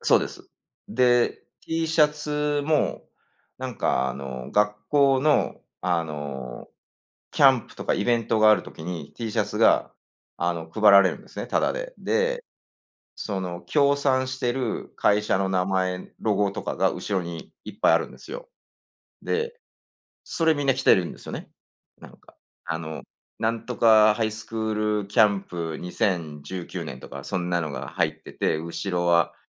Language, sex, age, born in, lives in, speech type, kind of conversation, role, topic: Japanese, male, 45-49, Japan, United States, conversation, unstructured, none, 自然を守るために、私たちにできることは何だと思いますか?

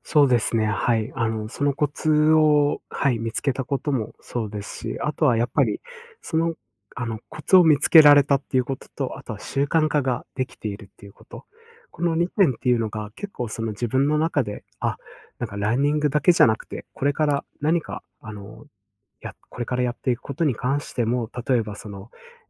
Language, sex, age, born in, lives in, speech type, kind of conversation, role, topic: Japanese, male, 25-29, Japan, Japan, conversation, podcast, guest, 運動習慣を続けるためのコツは何だと思いますか？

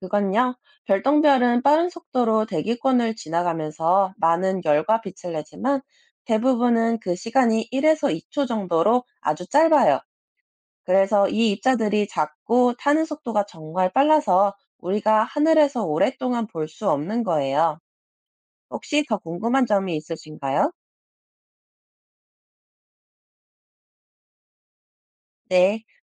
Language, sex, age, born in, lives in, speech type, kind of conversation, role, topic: Korean, male, 30-34, South Korea, France, read, expert-assistant, expert, 별똥별은 왜 떨어지는 것처럼 보일까요?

